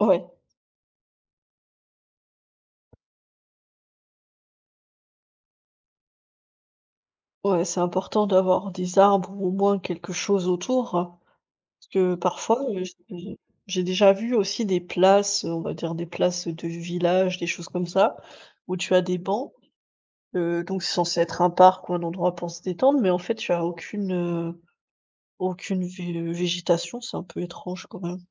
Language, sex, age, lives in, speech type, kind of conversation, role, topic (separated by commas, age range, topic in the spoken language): French, female, 30-34, Germany, conversation, unstructured, none, Comment l’ambiance d’un parc influence-t-elle votre expérience de détente ?